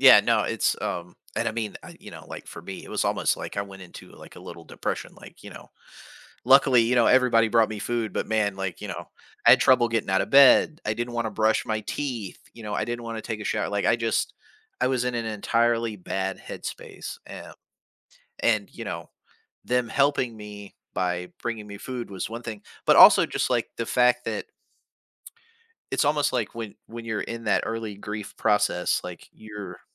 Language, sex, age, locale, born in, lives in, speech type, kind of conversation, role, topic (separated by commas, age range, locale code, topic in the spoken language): English, male, 40-44, en-US, United States, United States, conversation, podcast, host, How do people find comfort and support after experiencing loss?